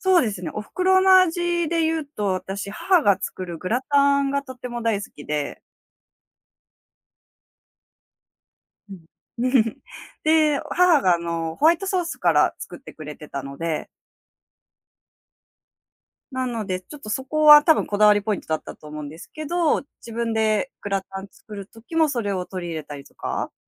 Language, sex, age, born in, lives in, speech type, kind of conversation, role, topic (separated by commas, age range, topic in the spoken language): Japanese, female, 30-34, Japan, Japan, conversation, podcast, guest, 毎日の食事で大切にしていることは何ですか？